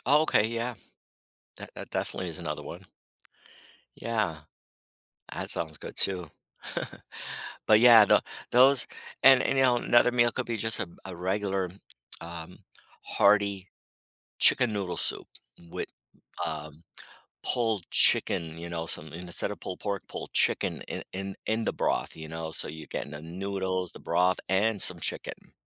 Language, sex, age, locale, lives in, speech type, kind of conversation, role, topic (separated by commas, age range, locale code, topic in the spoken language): English, male, 55-59, en-US, United States, conversation, podcast, guest, How do food and shared experiences shape our sense of comfort?